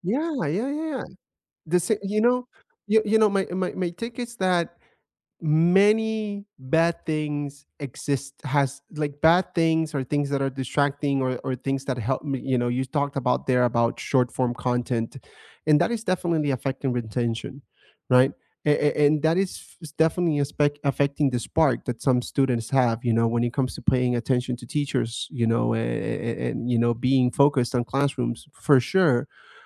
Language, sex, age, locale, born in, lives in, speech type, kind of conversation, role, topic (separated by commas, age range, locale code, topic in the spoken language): English, male, 30-34, en-US, United States, United States, conversation, unstructured, none, Who was the first teacher who truly inspired you, and how did that spark shape you?